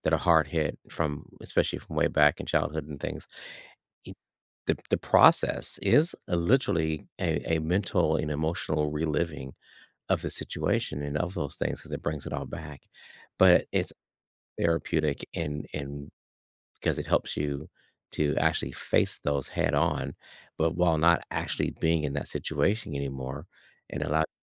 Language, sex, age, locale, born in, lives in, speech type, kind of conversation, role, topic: English, male, 55-59, en-US, United States, United States, conversation, podcast, host, What important lessons have you learned that you wish you knew earlier in life?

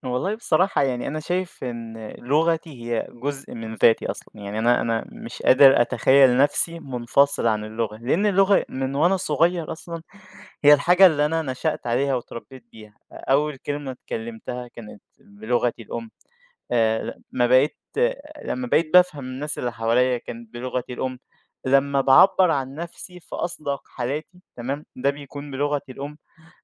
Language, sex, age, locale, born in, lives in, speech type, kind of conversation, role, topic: Arabic, male, 20-24, ar-EG, Egypt, Egypt, conversation, podcast, guest, إزاي لغتك الأم بتأثر على هويتك وتراثك؟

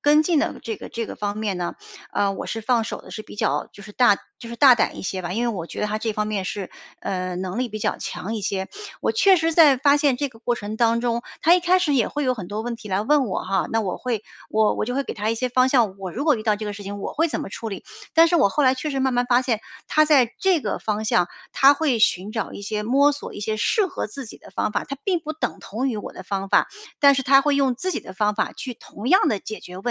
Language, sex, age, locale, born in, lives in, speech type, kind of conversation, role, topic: Chinese, female, 45-49, zh-CN, China, United States, conversation, advice, user, 你为什么很难放手让团队独立做决策？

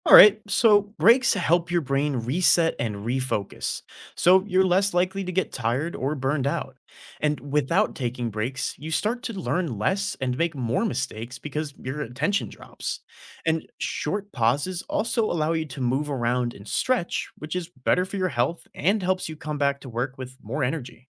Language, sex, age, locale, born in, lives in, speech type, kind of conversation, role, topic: English, male, 20-24, en-US, United States, United States, read, expert-assistant, expert, Why is it important to take breaks while studying or working?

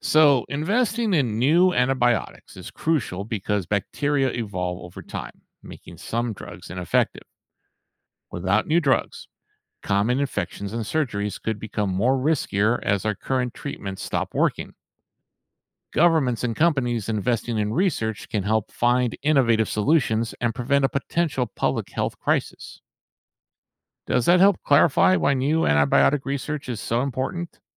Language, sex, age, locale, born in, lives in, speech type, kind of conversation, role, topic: English, male, 55-59, en-US, United States, United States, read, expert-assistant, expert, How did the discovery of penicillin revolutionize medicine?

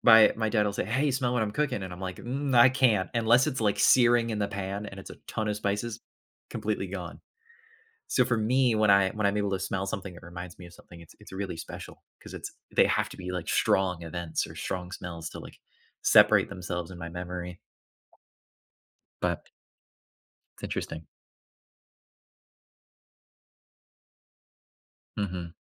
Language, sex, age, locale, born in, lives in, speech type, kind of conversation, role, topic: English, male, 20-24, en-US, United States, United States, conversation, unstructured, none, Why do certain smells trigger such vivid memories from our past?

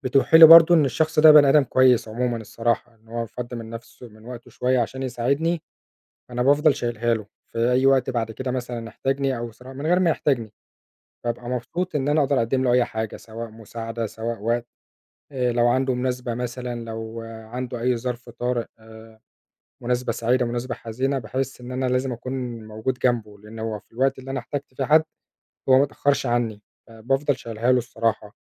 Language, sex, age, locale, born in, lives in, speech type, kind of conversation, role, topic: Arabic, male, 25-29, ar-EG, Egypt, Egypt, conversation, unstructured, none, إيه اللي بتحس بيه لما بتساعد حد تاني؟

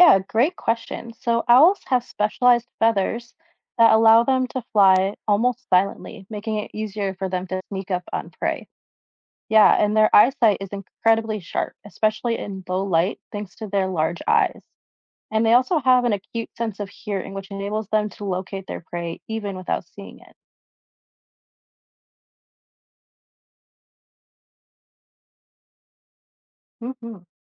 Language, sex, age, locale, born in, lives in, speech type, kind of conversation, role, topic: English, female, 25-29, en-US, United States, United States, read, expert-assistant, expert, What makes an owl such a good hunter?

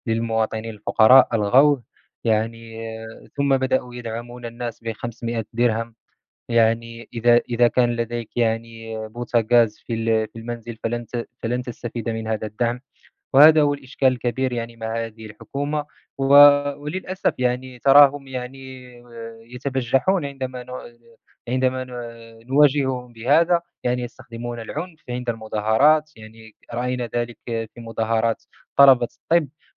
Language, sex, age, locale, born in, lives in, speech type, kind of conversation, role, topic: Arabic, male, 20-24, ar-MA, Morocco, France, conversation, unstructured, none, إزاي الحكومة تقدر تحسّن حياة المواطنين؟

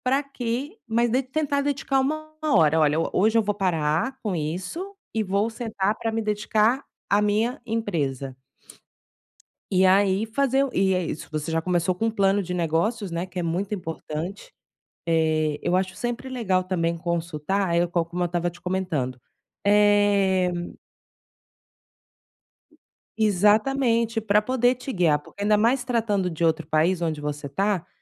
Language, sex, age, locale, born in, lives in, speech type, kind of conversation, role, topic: Portuguese, female, 35-39, pt-BR, Brazil, Spain, conversation, advice, advisor, Como posso transformar a intenção em ação consistente todos os dias?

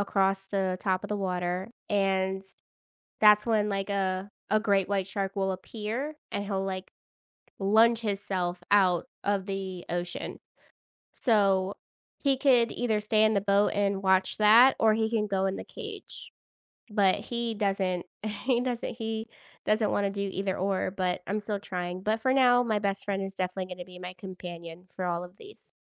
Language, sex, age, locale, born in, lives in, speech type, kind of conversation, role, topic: English, female, 30-34, en-US, United States, United States, conversation, podcast, guest, How have your travels shaped the way you see the world?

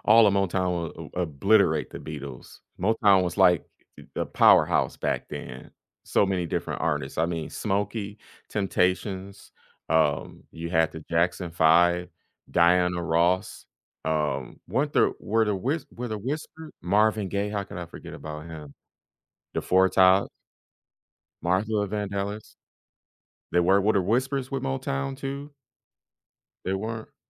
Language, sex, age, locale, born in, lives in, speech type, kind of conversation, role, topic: English, male, 35-39, en-US, United States, United States, conversation, unstructured, none, How do you react when a song you love suddenly becomes everyone’s anthem?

